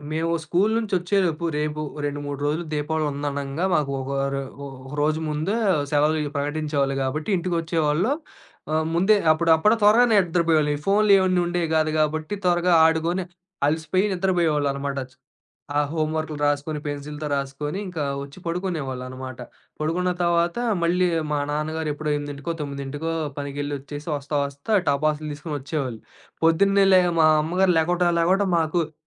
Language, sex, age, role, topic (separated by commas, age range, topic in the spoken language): Telugu, male, 25-29, guest, నీ బాల్యంలో నీవు ఎప్పటికీ మర్చిపోలేని సంఘటన ఏది?